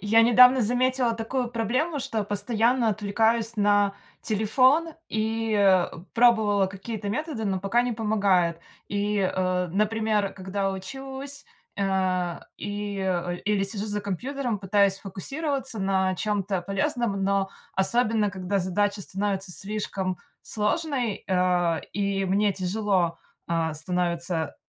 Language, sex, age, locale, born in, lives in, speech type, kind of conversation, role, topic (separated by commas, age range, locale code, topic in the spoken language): Russian, female, 30-34, ru-RU, Russia, United States, conversation, advice, user, Как постоянные отвлечения на телефон мешают вам доводить задачи до конца?